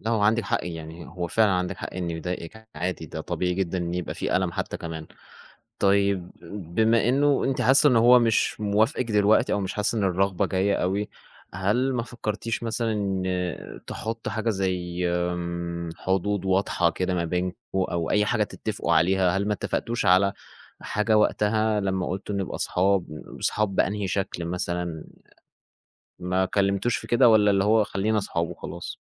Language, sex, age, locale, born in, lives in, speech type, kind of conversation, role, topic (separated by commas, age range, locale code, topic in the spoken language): Arabic, male, 20-24, ar-EG, Egypt, Egypt, conversation, advice, advisor, إزاي أقدر أحافظ على صداقة مع شريكي/شريكتي السابق/السابقة من غير ما أتأذّى؟